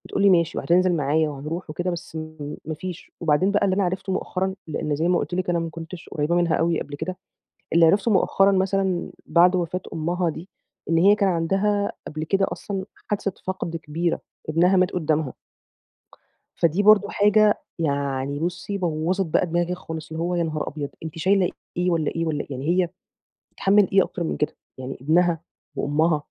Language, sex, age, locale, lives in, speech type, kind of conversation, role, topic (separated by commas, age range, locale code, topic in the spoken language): Arabic, female, 30-34, ar-EG, Egypt, conversation, advice, user, إزاي أساعد حد بيعدّي بخسارة كبيرة وبيحس بحزن شديد؟